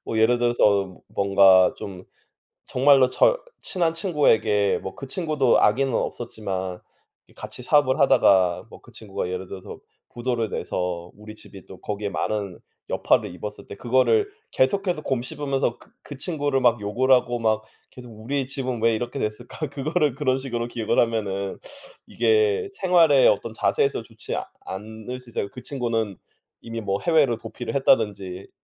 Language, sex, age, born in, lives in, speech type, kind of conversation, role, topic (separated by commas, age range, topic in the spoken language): Korean, male, 35-39, South Korea, United States, conversation, unstructured, none, 과거를 기억하는 것이 우리 삶에 왜 중요할까요?